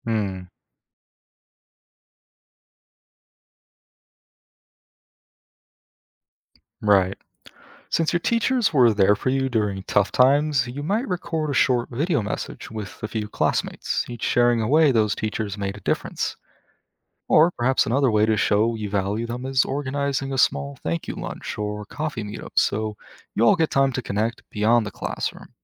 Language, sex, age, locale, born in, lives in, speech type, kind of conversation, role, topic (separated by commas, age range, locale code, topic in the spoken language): English, male, 20-24, en-US, United States, United States, read, expert-assistant, expert, What are some creative ways people celebrate important milestones?